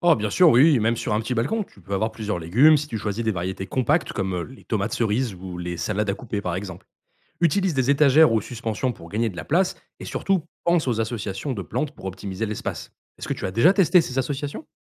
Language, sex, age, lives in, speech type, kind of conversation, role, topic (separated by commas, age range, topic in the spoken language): French, male, 25-29, France, read, expert-assistant, expert, Si on veut avoir un beau potager toute l’année, comment s’organiser pour choisir et planter les bonnes cultures au bon moment ?